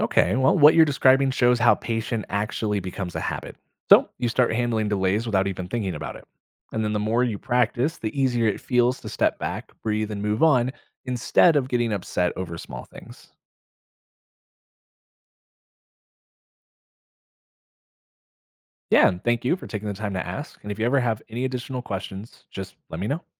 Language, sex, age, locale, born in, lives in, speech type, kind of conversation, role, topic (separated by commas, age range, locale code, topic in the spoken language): English, male, 30-34, en-US, United States, United States, read, expert-assistant, expert, What does it mean to be patient?